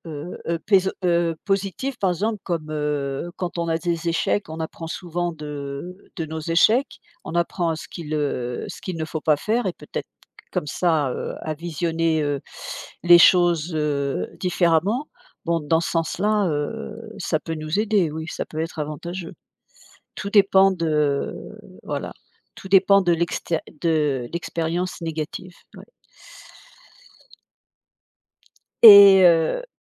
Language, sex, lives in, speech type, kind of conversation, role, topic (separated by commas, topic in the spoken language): French, female, United States, conversation, unstructured, none, Préférez-vous avoir une mémoire parfaite ou pouvoir oublier facilement ?